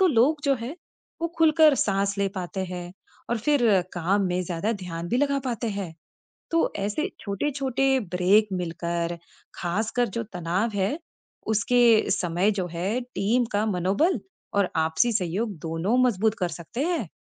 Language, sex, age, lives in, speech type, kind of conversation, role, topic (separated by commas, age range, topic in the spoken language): Hindi, female, 35-39, United States, read, expert-assistant, expert, कई केसों को प्राथमिकता कैसे दें और टीम का संतुलन कैसे बनाए रखें?